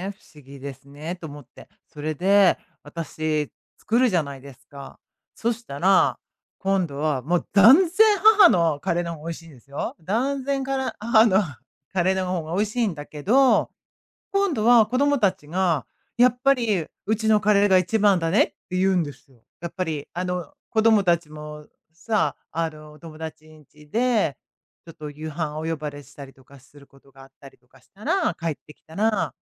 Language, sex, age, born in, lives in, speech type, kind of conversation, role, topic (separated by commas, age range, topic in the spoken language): Japanese, female, 55-59, Japan, United States, conversation, podcast, guest, 子どもの頃、どんな食べ物の味がいちばんほっとしましたか？